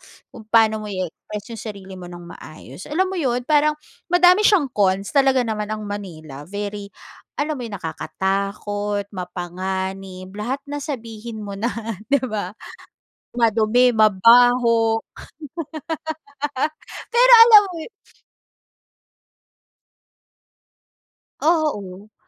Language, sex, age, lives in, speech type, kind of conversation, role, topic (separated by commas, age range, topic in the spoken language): Filipino, female, 35-39, Philippines, conversation, podcast, host, Paano ka nagbago matapos ang huli mong malaking pagbabago sa buhay?